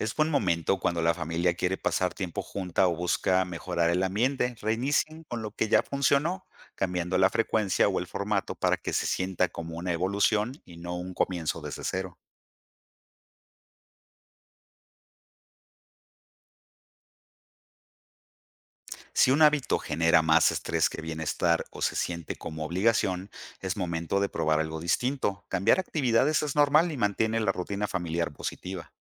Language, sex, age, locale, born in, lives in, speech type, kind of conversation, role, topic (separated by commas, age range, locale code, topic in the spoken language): Spanish, male, 50-54, es-MX, Mexico, Mexico, read, expert-assistant, expert, ¿Cuáles son las mejores estrategias para crear hábitos saludables en la vida diaria y realmente mantenerlos a largo plazo?